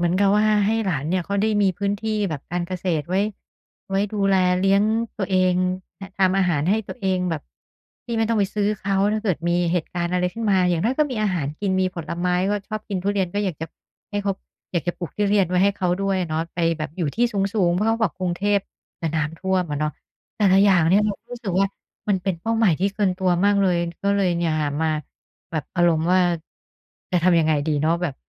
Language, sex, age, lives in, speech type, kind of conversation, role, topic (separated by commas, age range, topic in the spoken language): Thai, female, 50-54, Thailand, conversation, advice, user, คุณตั้งเป้าหมายเกินกำลังแล้วรู้สึกท้อถอยอยู่ใช่ไหม?